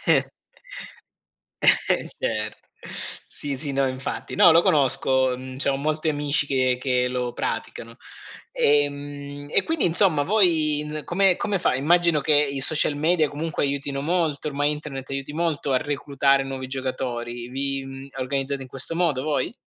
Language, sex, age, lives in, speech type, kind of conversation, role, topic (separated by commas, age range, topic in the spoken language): Italian, male, 40-44, Germany, conversation, podcast, host, Che difficoltà incontri più spesso nel tuo hobby?